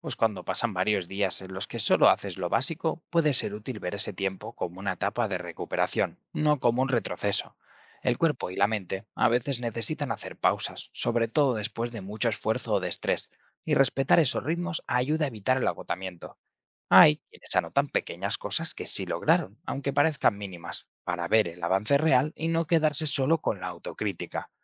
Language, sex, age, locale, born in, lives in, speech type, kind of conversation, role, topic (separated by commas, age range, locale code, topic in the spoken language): Spanish, male, 25-29, es-ES, Spain, Spain, read, expert-assistant, expert, ¿Cómo puedo mantener mi casa ordenada sin sacrificar mi tiempo libre y bienestar personal?